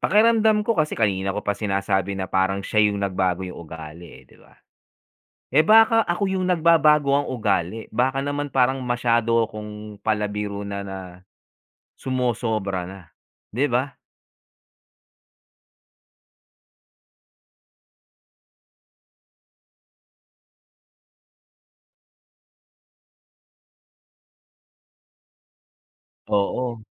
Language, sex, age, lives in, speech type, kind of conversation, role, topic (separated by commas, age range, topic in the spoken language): Filipino, male, 45-49, United States, conversation, unstructured, none, Paano mo nauunawaan ang mga pagbabago sa ugali ng iyong kaibigan?